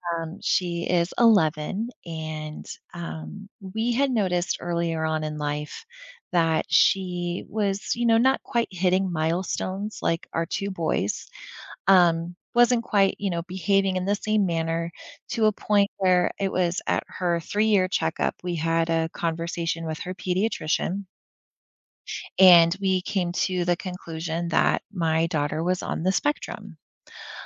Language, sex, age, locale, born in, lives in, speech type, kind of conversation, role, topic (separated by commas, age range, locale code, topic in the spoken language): English, female, 40-44, en-US, United States, United States, conversation, advice, user, How can I apologize and rebuild trust after a harsh argument with someone I love?